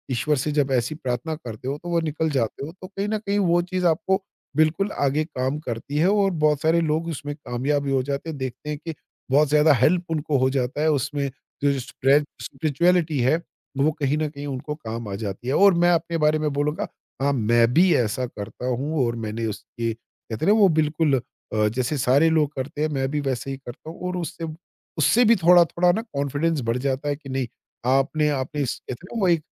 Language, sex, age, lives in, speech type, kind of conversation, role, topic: Hindi, male, 50-54, India, conversation, podcast, guest, Ghar se nikalte waqt turant confidence boost paane ke sabse simple aur asaan tareeke kya hain?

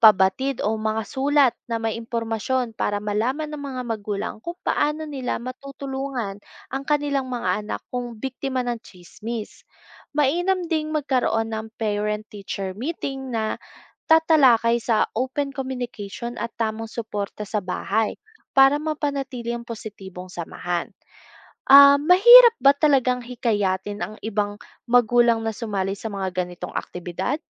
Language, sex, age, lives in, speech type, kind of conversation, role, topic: Filipino, female, 25-29, Philippines, read, expert-assistant, expert, Paano mapipigilan ang pagkalat ng tsismis sa paaralan?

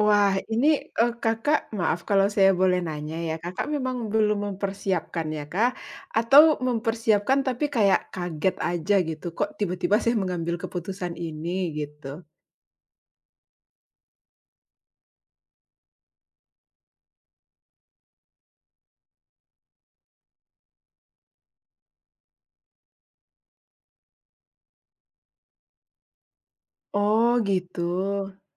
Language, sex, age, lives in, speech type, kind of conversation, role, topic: Indonesian, female, 35-39, Indonesia, conversation, advice, advisor, Bagaimana Anda memulai hidup bersama setelah menikah dan menyesuaikan peran masing-masing?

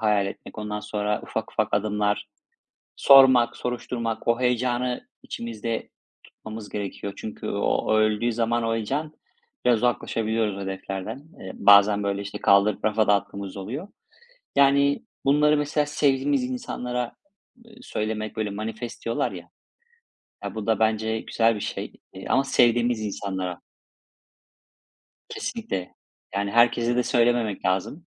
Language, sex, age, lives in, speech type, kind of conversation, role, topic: Turkish, male, 35-39, Spain, conversation, unstructured, none, Seni mutlu eden bir hedefin var mı?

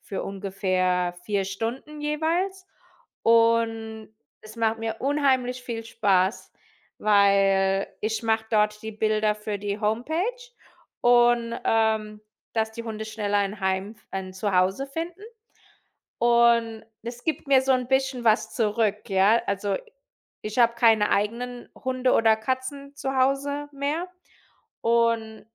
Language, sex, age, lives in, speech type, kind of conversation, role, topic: German, female, 35-39, United States, conversation, unstructured, none, Gibt es ein Hobby, das dich besonders glücklich macht?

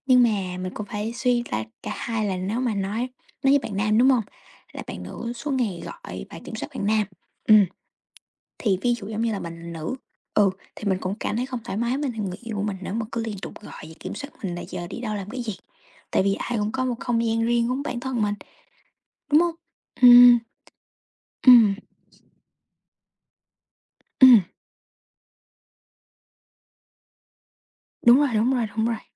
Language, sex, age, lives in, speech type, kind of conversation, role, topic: Vietnamese, female, 18-19, United States, conversation, unstructured, none, Bạn nghĩ điều gì quan trọng nhất trong một mối quan hệ?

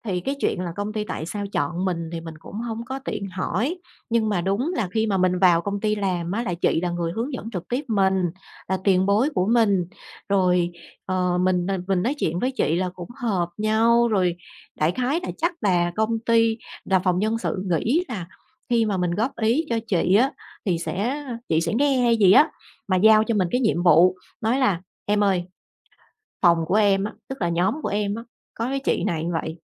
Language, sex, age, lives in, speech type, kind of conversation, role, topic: Vietnamese, female, 40-44, Vietnam, conversation, podcast, guest, Bạn có tin rằng có thể thẳng thắn mà vẫn tử tế không?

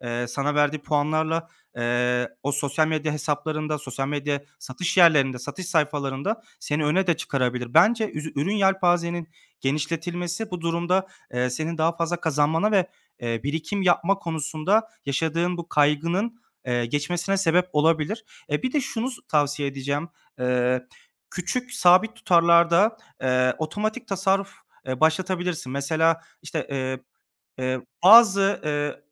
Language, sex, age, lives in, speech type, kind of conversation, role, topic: Turkish, male, 25-29, Germany, conversation, advice, advisor, Birikim yapamama ve acil durum fonu eksikliği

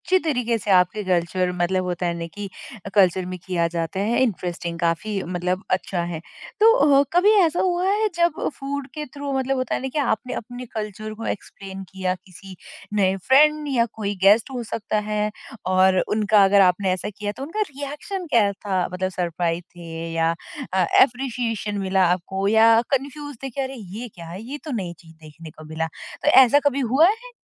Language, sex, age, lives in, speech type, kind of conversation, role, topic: Hindi, female, 30-34, India, conversation, podcast, host, Tum jab mehmaan ko khaana khilate ho, us tareeke se tumhari sanskruti aur pehchaan kaise jhalakti hai?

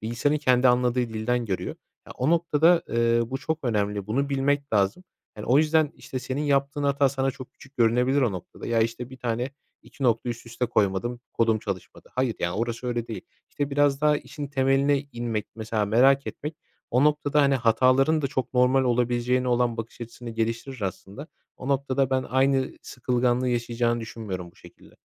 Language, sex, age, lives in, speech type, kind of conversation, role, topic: Turkish, male, 25-29, Poland, conversation, podcast, guest, Kodlamaya başlamak isteyen birine ne önerirsin?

